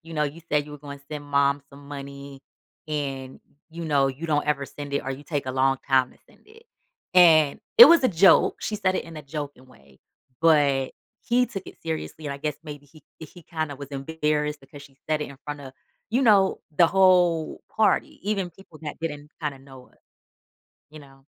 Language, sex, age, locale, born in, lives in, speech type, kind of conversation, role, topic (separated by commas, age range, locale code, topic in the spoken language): English, female, 35-39, en-US, United States, United States, conversation, advice, user, How can I resolve recurring family disagreements?